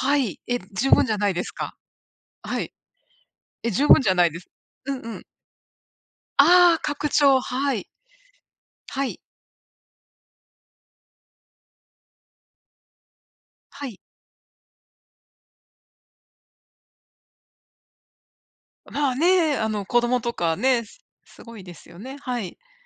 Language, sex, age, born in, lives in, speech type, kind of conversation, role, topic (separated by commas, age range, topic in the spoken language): Japanese, female, 55-59, Japan, United States, conversation, unstructured, none, 10年後の自分はどうなっていたいですか？